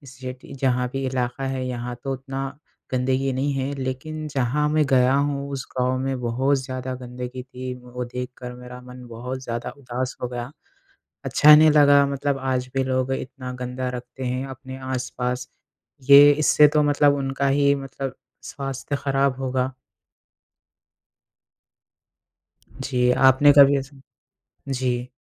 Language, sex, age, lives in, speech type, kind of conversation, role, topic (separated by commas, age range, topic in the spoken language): Hindi, male, 20-24, India, conversation, unstructured, none, क्या यात्रा के दौरान गंदगी देखकर कभी आपका मन खराब हुआ है?